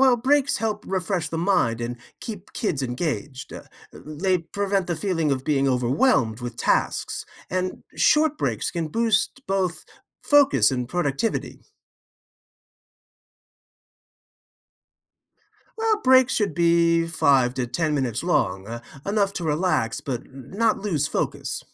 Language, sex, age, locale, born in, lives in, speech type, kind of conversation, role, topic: English, male, 55-59, en-US, United States, United States, read, expert-assistant, expert, What are some strategies for helping kids manage their time?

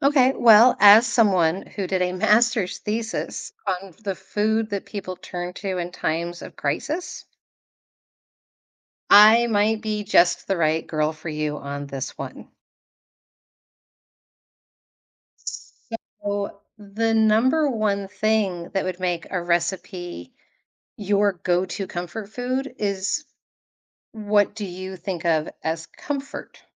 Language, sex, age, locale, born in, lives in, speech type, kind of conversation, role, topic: English, female, 45-49, en-US, United States, United States, conversation, podcast, guest, Why do certain foods bring us comfort and evoke strong memories?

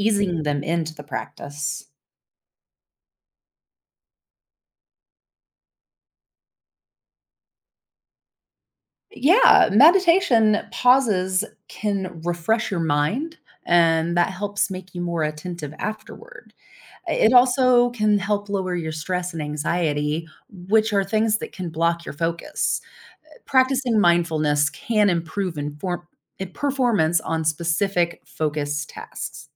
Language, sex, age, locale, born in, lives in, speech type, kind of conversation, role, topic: English, female, 35-39, en-US, United States, United States, read, expert-assistant, expert, How can meditation improve focus and concentration?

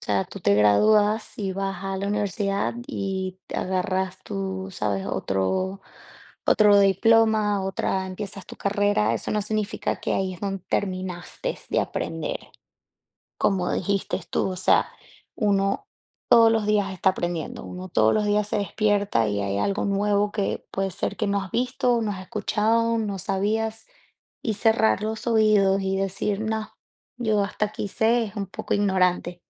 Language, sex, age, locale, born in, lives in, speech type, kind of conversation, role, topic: Spanish, female, 30-34, es-VE, Venezuela, United States, conversation, unstructured, none, ¿Recuerdas a algún maestro que te haya inspirado mucho?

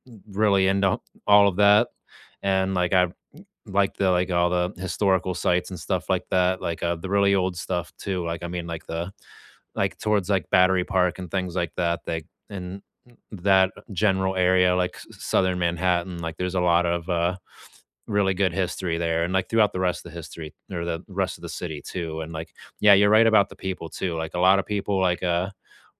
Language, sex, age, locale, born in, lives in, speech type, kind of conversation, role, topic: English, male, 30-34, en-US, United States, United States, conversation, unstructured, none, Where would you go for a spontaneous day trip, and what makes it your perfect quick escape?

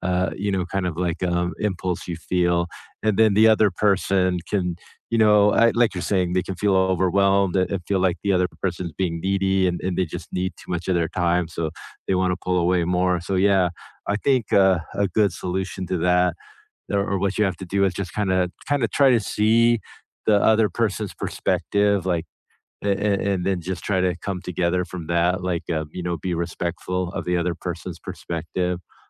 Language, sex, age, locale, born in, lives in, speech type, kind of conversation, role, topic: English, male, 50-54, en-US, United States, United States, conversation, unstructured, none, What is one thing you have learned about maintaining close connections over time?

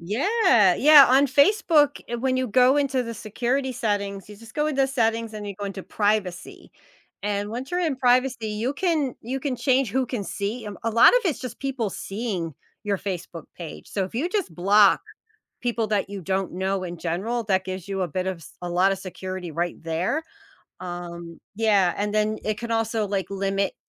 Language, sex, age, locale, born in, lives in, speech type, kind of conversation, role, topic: English, female, 45-49, en-US, United States, United States, conversation, advice, advisor, What practical steps can I take to stop websites and apps from tracking my personal data online?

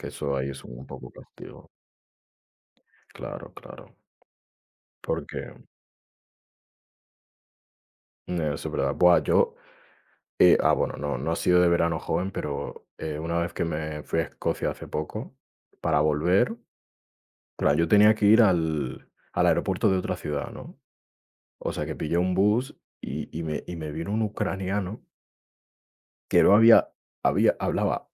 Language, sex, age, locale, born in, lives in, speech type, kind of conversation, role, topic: Spanish, male, 20-24, es-ES, Spain, Spain, conversation, unstructured, none, ¿Qué opinas sobre viajar en avión en comparación con viajar en tren?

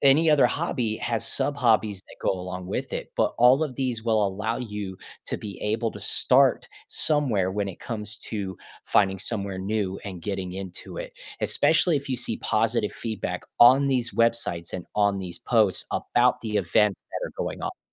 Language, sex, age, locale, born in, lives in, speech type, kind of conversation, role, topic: English, male, 30-34, en-US, United States, United States, conversation, advice, advisor, How can I make meaningful friends and feel less lonely after moving to a new city?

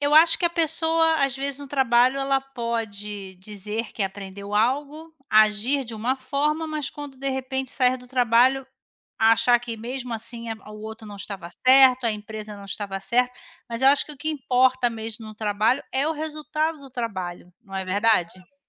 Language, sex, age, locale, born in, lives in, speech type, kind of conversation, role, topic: Portuguese, female, 40-44, pt-BR, Brazil, Spain, conversation, unstructured, none, Você já conseguiu transformar uma briga em algo positivo? Como?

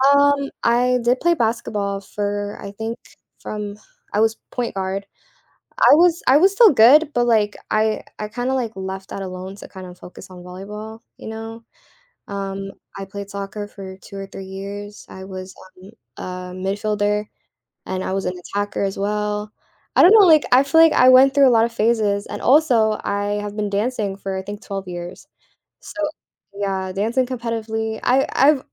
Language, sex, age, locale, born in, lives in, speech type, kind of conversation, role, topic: English, female, 18-19, en-US, United States, United States, conversation, podcast, guest, How can we turn our mistakes into opportunities for growth?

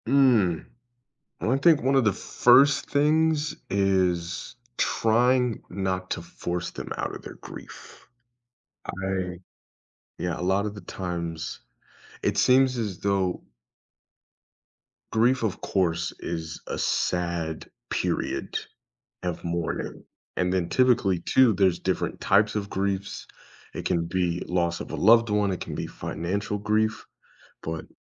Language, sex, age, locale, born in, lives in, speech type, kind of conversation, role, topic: English, male, 25-29, en-US, United States, United States, conversation, podcast, guest, What are thoughtful ways friends can help someone cope with loss?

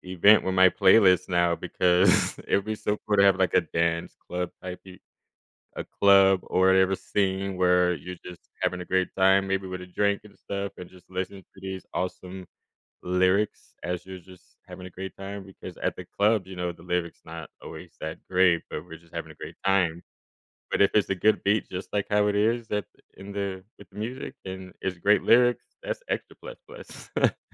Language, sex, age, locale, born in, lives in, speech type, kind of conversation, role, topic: English, male, 30-34, en-US, Germany, United States, conversation, podcast, guest, How can practicing gratitude shape our outlook and relationships?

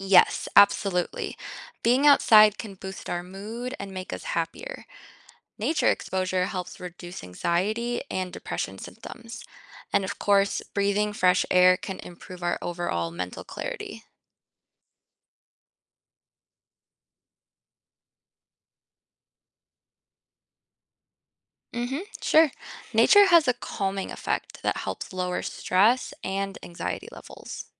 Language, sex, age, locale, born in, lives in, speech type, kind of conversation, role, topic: English, female, 25-29, en-US, United States, United States, read, expert-assistant, expert, What are the benefits of outdoor activities for health?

